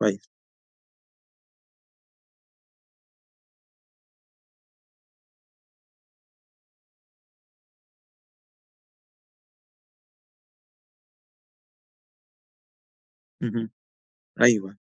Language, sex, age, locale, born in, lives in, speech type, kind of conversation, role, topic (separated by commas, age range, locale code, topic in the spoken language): Arabic, male, 40-44, ar-EG, Egypt, Egypt, conversation, podcast, host, إزاي تبني عادة صحية جديدة وتخليها تكمل؟